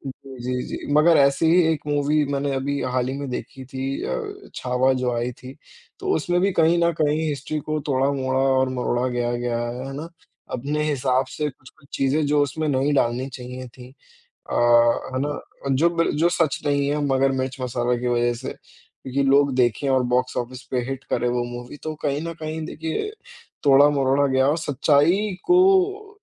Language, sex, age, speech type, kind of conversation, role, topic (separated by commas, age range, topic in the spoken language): Hindi, male, 20-24, conversation, unstructured, none, आपको क्या लगता है कि फिल्मों में सच्चाई को कितनी हद तक दिखाया जाता है?